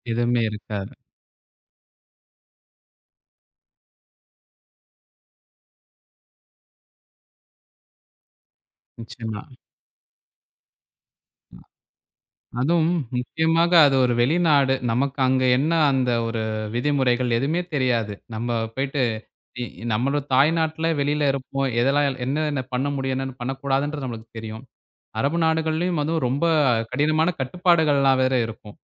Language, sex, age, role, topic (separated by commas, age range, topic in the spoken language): Tamil, male, 20-24, host, புதிய நாட்டுக்கு குடியேறிய முதல் நாள் உங்கள் மனதில் எப்படிப் பதிந்தது?